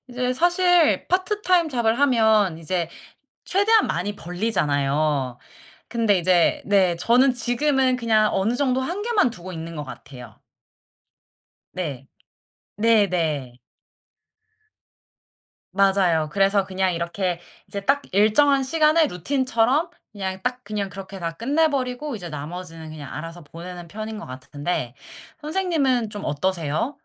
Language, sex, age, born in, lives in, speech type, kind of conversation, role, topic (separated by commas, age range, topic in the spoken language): Korean, female, 30-34, South Korea, South Korea, conversation, unstructured, none, 일과 삶의 균형을 어떻게 맞추고 계신가요?